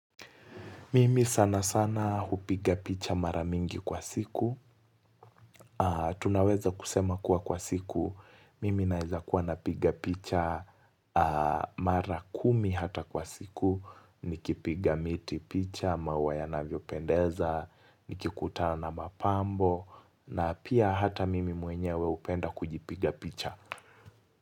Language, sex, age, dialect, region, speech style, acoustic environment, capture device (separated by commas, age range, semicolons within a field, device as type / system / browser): Swahili, male, 18-24, Kenya - Mombasa, Kenya, focused, silence; nature, mobile / Linux / Chrome